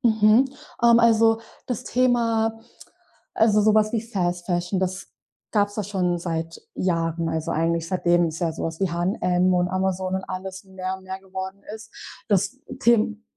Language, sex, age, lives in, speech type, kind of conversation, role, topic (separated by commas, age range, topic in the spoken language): German, female, 20-24, Germany, conversation, podcast, guest, Was denkst du über Nachhaltigkeit in der Mode?